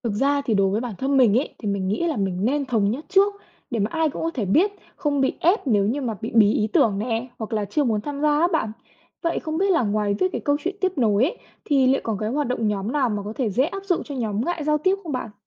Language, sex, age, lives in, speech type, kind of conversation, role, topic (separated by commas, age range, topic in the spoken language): Vietnamese, female, 20-24, Vietnam, read, expert-assistant, asker, Có bí quyết nào từ tâm lý xã hội giúp xây dựng nhóm thân thiện và hợp tác hơn không?